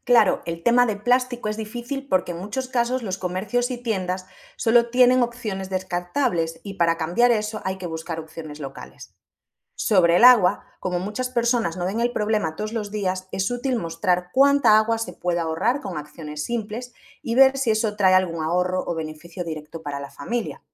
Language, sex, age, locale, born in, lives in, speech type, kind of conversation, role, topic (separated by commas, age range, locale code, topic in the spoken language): Spanish, female, 30-34, es-ES, Spain, Portugal, read, expert-assistant, expert, ¿De qué forma la educación ambiental puede cambiar los hábitos de una comunidad?